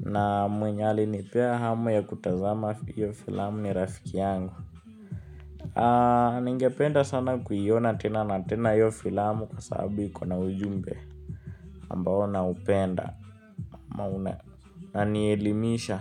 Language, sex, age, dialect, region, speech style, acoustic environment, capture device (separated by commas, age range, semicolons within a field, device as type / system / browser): Swahili, male, 18-24, Kenya - Nairobi Sheng-influenced, Kenya, nervous, music, mobile / Linux / Chrome